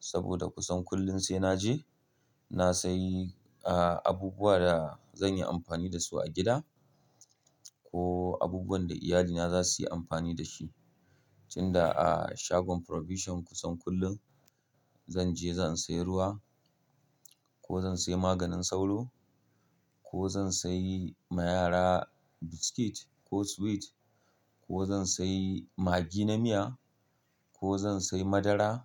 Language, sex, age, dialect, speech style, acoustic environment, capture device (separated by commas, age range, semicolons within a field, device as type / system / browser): Hausa, male, 35-44, Nigeria - Kano, happy; relaxed; excited; focused, people talking; nature; appliances; silence, mobile / Linux / Chrome